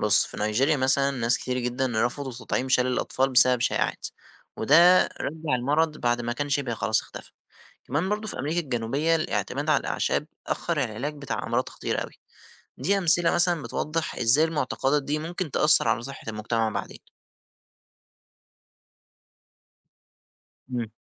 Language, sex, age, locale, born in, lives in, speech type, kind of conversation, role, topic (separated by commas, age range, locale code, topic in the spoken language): Arabic, male, 20-24, ar-EG, Egypt, Egypt, read, expert-assistant, expert, ممكن تديني مثال من الحياة الواقعية إزاي الثقافة بتأثر على علاج الأمراض أو التعامل معاها؟